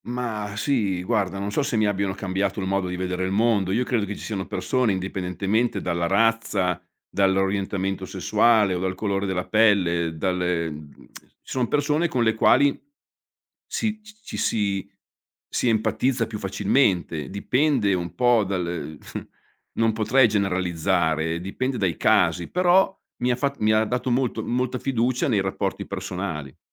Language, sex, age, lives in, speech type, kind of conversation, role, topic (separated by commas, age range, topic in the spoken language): Italian, male, 55-59, Italy, conversation, podcast, guest, Puoi raccontarmi un momento in cui la comunità ti ha aiutato?